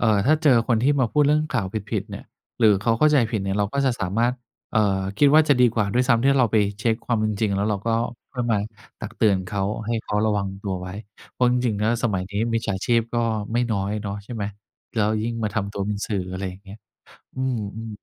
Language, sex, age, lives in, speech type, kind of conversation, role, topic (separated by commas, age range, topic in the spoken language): Thai, male, 50-54, Thailand, conversation, podcast, host, วิธีประเมินความน่าเชื่อถือของแหล่งข้อมูล